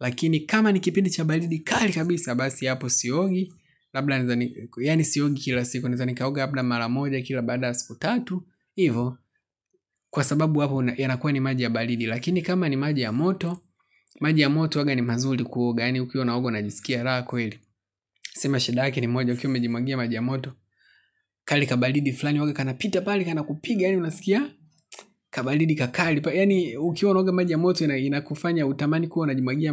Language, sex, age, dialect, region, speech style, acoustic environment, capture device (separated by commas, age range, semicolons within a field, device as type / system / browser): Swahili, male, 25-34, Tanzania - Dar es Salaam, Tanzania, happy; relaxed, silence, mobile / Linux / Chrome